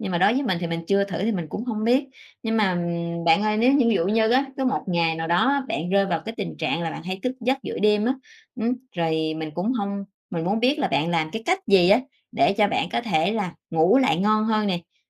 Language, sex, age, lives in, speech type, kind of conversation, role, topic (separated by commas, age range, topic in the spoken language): Vietnamese, female, 45-49, Vietnam, conversation, podcast, host, Bạn làm gì để ngủ ngon hơn mỗi đêm?